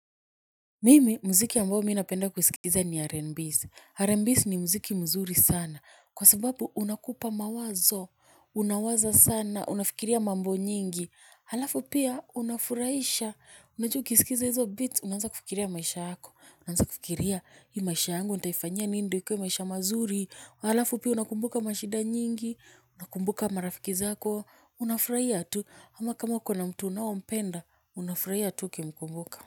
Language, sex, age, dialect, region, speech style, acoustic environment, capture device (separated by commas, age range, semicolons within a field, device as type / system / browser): Swahili, female, 25-34, Kenya - Nairobi Sheng-influenced, Kenya, nervous, people talking; nature, mobile / Linux / Chrome